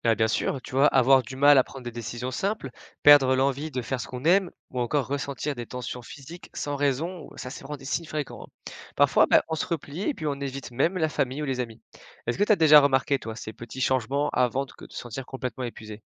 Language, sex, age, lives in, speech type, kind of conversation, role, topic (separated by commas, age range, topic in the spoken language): French, male, 20-24, France, read, expert-assistant, expert, Qu’est-ce qui aide vraiment à garder le moral quand tout devient trop ?